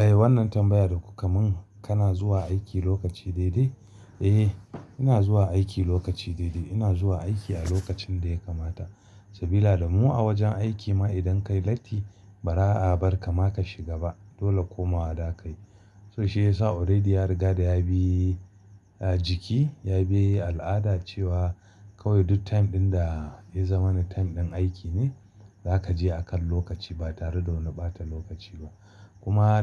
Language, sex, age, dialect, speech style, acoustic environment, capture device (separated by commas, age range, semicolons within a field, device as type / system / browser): Hausa, male, 35-44, Nigeria - Kano, happy, silence, mobile / Linux / Chrome